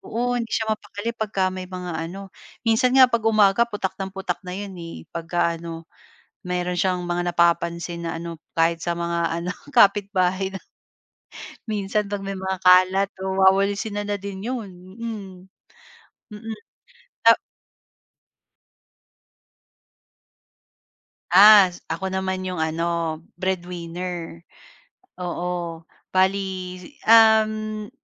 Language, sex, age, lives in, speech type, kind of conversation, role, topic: Filipino, female, 35-39, Philippines, conversation, podcast, guest, Paano ninyo hinahati ang mga gawaing-bahay sa inyong pamilya?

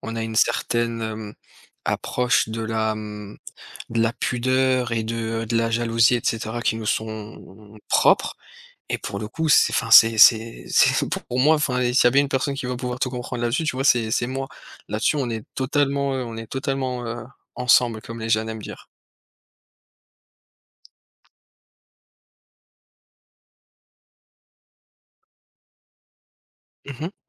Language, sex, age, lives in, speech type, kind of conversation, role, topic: French, male, 30-34, France, conversation, unstructured, none, Comment réagir quand quelqu’un critique ton passe-temps préféré ?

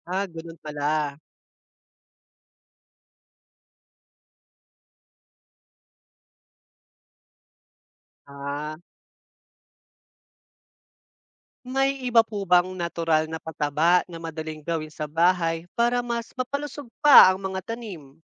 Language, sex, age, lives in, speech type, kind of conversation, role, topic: Filipino, male, 25-29, Philippines, read, expert-assistant, asker, Paano nakakatulong ang pagtatanim ng sariling gulay sa kalusugan ng pamilya?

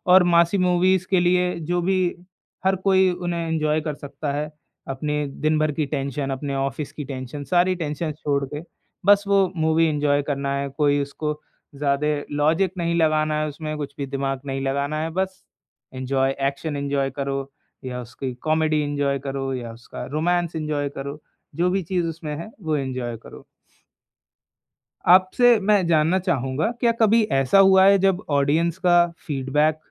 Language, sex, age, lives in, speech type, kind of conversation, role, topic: Hindi, male, 20-24, India, conversation, podcast, host, Kya tum kuch banate waqt apni audience ko dhyaan mein rakhte ho?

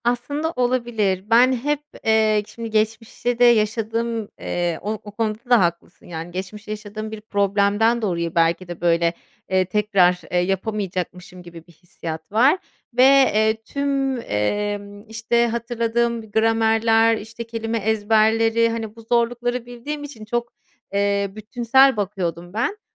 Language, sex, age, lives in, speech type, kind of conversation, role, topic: Turkish, female, 30-34, Germany, conversation, advice, user, Bahanelerimi nasıl aşabilir ve düzenli çalışma alışkanlığı nasıl kazanabilirim?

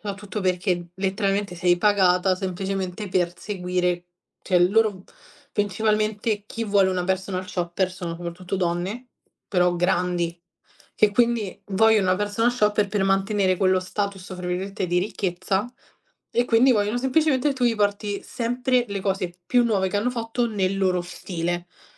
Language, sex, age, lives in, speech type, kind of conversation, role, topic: Italian, female, 20-24, Italy, conversation, podcast, guest, Come scegli i vestiti che raccontano chi sei?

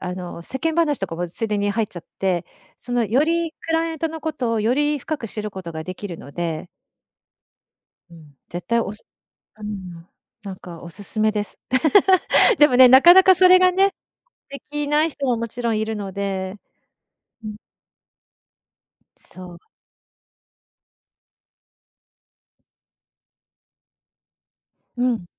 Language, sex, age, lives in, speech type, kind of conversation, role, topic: Japanese, female, 50-54, Japan, conversation, podcast, guest, 初対面で会話を切り出すとき、どんなふうに始めていますか？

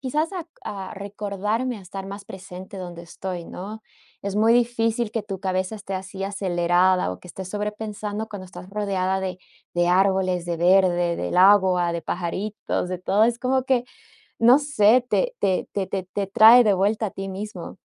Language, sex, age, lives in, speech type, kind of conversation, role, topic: Spanish, female, 30-34, United States, conversation, podcast, guest, ¿Cómo te ha cambiado pasar tiempo en la naturaleza?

